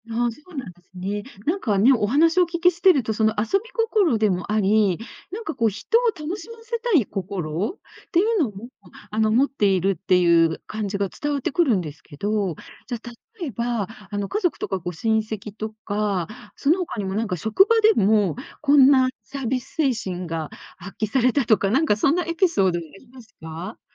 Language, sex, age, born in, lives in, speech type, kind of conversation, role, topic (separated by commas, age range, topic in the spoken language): Japanese, female, 60-64, Japan, Japan, conversation, podcast, host, 遊び心を日常の中で保ち続けるには、どんな工夫をしていますか？